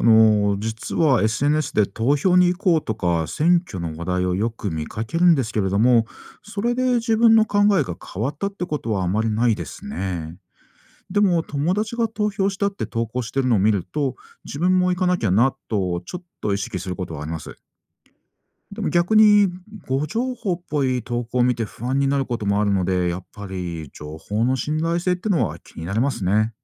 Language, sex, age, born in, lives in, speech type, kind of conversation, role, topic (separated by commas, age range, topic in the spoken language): Japanese, male, 40-44, Japan, Japan, read, expert-assistant, asker, 若者の投票率はなぜ低いのですか？